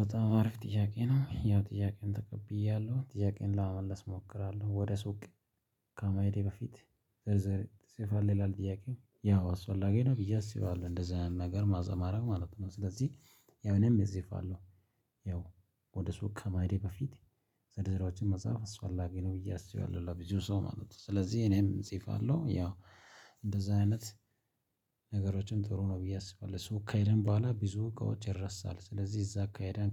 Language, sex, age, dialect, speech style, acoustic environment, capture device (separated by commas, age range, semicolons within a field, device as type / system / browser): Amharic, male, 25-34, Ethiopia - Addis Ababa, excited, silence, mobile / Linux / Chrome